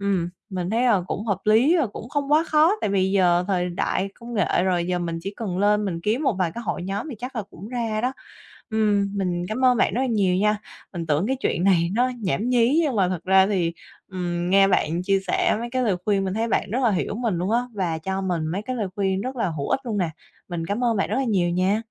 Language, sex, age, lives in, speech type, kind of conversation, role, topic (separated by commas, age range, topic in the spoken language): Vietnamese, female, 25-29, Vietnam, conversation, advice, user, Làm thế nào để vượt qua nỗi buồn sau mất mát?